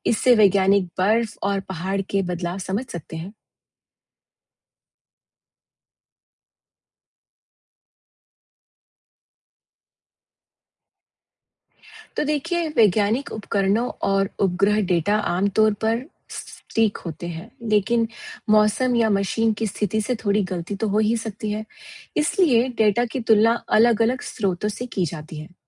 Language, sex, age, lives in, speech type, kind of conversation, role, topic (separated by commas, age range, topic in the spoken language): Hindi, female, 45-49, United States, read, expert-assistant, expert, क्या यह सच है कि हिमालय पर्वत हर साल थोड़ा-थोड़ा ऊँचा होता जा रहा है? इसके पीछे वैज्ञानिक कारण क्या हैं?